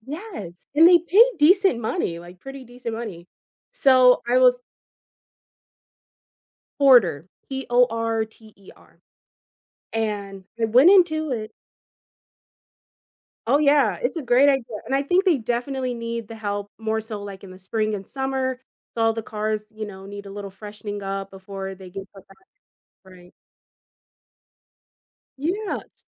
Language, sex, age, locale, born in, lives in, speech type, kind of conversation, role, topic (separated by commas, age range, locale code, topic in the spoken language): English, female, 25-29, en-US, United States, United States, conversation, unstructured, none, What is the most unusual job you have ever had?